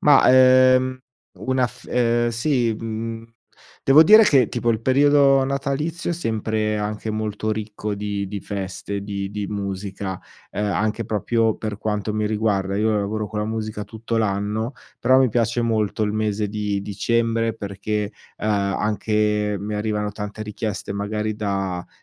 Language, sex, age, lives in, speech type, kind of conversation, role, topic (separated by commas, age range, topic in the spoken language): Italian, male, 40-44, Italy, conversation, podcast, guest, Che ruolo hanno le feste nel tenere unite le persone?